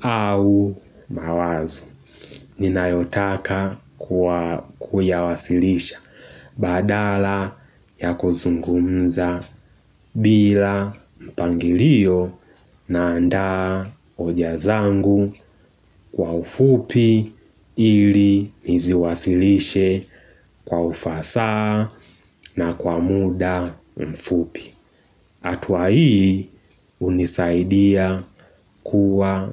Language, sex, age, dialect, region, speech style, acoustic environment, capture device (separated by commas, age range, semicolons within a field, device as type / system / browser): Swahili, male, 18-24, Tanzania - Dar es Salaam, Tanzania, focused; excited, silence, mobile / Linux / Chrome